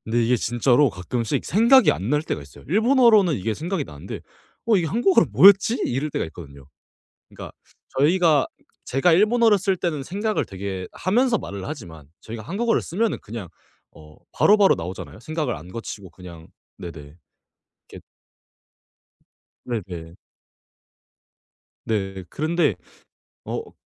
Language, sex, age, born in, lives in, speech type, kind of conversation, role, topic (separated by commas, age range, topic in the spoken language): Korean, male, 18-19, South Korea, Japan, conversation, podcast, guest, 언어가 바뀌면서 가장 힘들었던 점은 무엇이었나요?